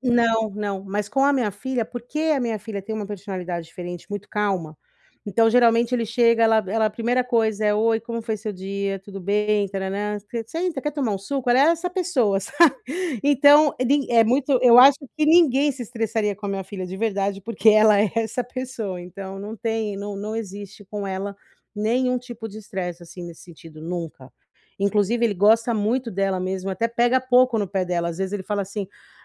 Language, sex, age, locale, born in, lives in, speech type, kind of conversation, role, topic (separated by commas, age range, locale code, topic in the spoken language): Portuguese, female, 40-44, pt-BR, Brazil, United States, conversation, advice, user, Como posso expressar minhas emoções com clareza e respeito?